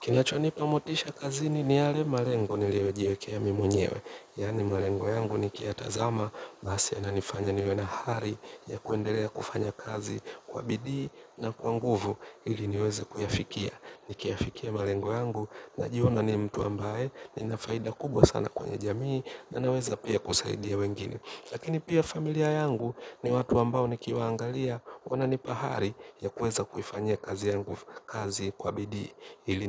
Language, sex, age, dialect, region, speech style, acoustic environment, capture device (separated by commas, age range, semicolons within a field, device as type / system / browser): Swahili, male, 25-34, Kenya - Nairobi Sheng-influenced, Kenya, relaxed; focused, nature, mobile / Linux / Chrome